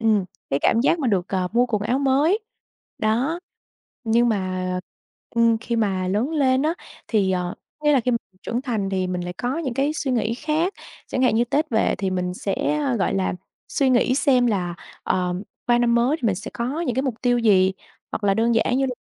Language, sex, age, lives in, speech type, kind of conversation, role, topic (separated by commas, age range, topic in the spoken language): Vietnamese, female, 25-29, Vietnam, conversation, podcast, guest, Bạn có kỷ niệm nào đáng nhớ về dịp Tết hoặc một lễ truyền thống không?